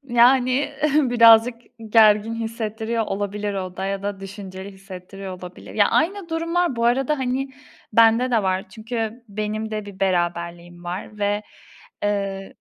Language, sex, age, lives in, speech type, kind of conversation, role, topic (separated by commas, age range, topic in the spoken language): Turkish, female, 20-24, Poland, conversation, unstructured, none, Birlikte geleceği planlamak sana ne hissettiriyor?